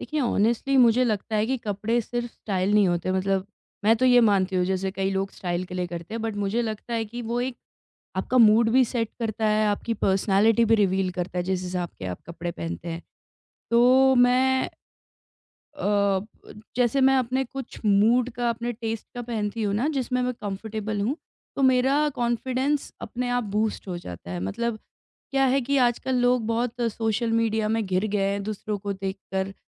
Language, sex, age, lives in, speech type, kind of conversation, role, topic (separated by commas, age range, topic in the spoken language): Hindi, female, 30-34, India, conversation, podcast, guest, Kapde pehenkar tum apne aap ko zyada confident kyun mehsoos karte ho?